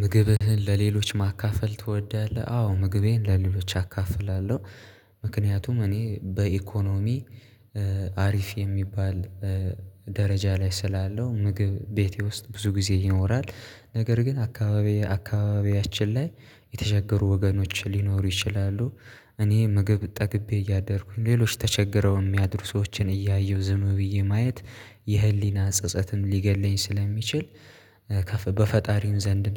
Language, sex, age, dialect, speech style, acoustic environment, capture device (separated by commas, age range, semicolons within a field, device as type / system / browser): Amharic, male, 18-24, Ethiopia - Addis Ababa, happy, silence, mobile / Linux / Chrome